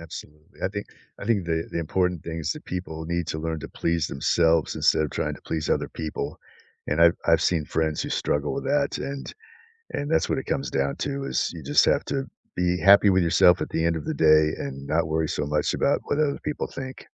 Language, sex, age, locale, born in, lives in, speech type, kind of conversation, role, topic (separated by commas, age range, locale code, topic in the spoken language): English, male, 60-64, en-US, United States, United States, conversation, unstructured, none, What does being yourself mean to you?